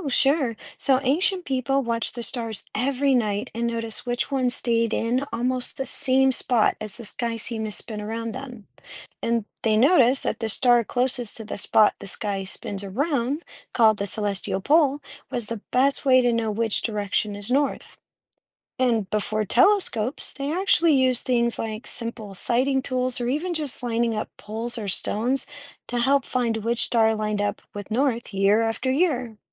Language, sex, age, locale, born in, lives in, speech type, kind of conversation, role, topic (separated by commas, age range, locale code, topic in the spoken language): English, female, 35-39, en-US, United States, United States, read, expert-assistant, expert, Why do constellations change with the seasons?